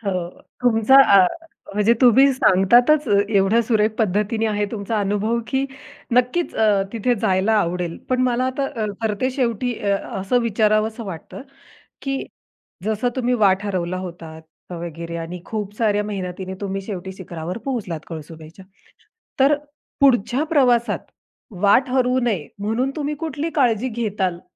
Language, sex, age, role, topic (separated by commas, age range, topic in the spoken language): Marathi, female, 30-34, host, प्रवासात तुम्ही कधी तरी वाट हरवली आहे का?